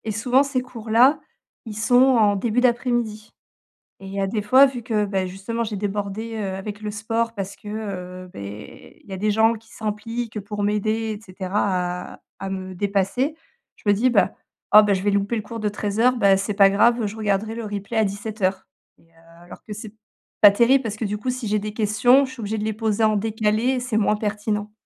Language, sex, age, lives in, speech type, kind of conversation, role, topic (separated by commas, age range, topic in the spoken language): French, female, 35-39, France, conversation, advice, user, Comment trouver du temps pour mes passions sans négliger mes obligations quotidiennes ?